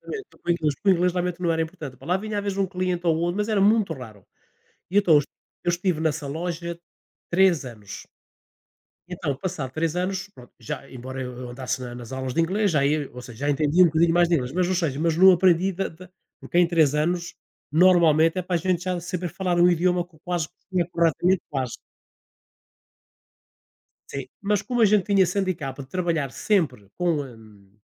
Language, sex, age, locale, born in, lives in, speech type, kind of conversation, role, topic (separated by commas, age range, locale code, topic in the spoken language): Portuguese, male, 60-64, pt-PT, Portugal, Portugal, conversation, unstructured, none, O que te deixa bravo quando você tem dificuldade para aprender alguma coisa?